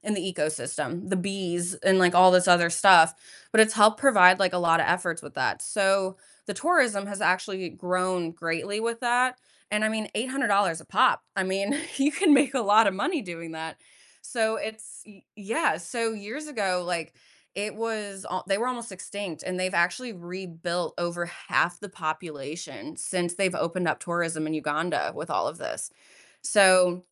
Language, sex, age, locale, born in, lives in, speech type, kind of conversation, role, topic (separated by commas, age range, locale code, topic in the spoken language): English, female, 30-34, en-US, United States, United States, conversation, podcast, guest, How can individuals make a meaningful impact in protecting endangered wildlife?